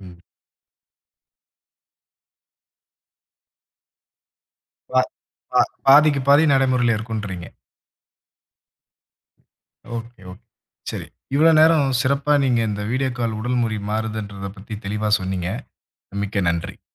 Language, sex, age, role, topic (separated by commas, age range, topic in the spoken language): Tamil, male, 25-29, host, வீடியோ அழைப்பில் உடல்மொழி எப்படிப் மாறுகிறது?